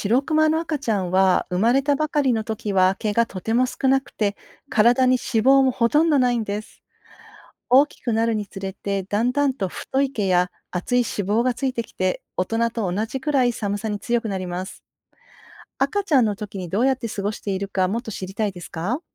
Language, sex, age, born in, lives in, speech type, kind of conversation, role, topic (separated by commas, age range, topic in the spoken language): Japanese, female, 50-54, Japan, Japan, read, expert-assistant, expert, なぜシロクマの毛は白いのでしょうか？